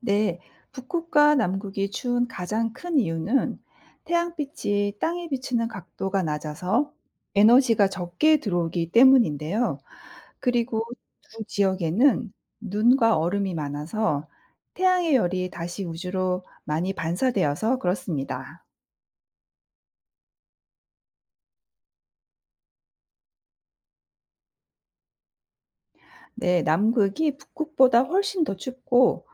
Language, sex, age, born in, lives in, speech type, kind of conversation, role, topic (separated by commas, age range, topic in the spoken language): Korean, female, 45-49, South Korea, United States, read, expert-assistant, expert, 왜 북극과 남극은 매우 추운가요?